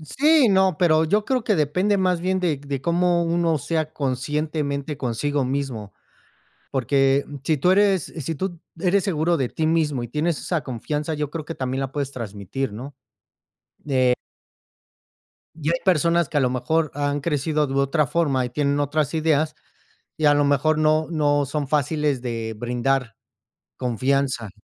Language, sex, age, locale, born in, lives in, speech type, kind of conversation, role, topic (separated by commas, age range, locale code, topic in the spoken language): Spanish, male, 35-39, es-MX, Mexico, Mexico, conversation, unstructured, none, ¿Qué es lo que más valoras en una pareja?